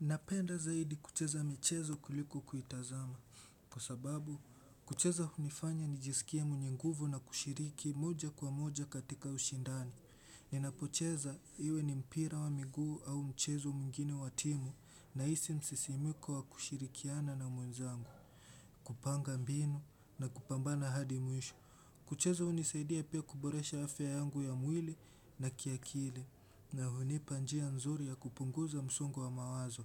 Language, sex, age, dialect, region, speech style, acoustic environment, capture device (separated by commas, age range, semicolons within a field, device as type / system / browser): Swahili, male, 18-24, Kenya - Mombasa, Kenya, relaxed; focused, silence, desktop / Windows / Chrome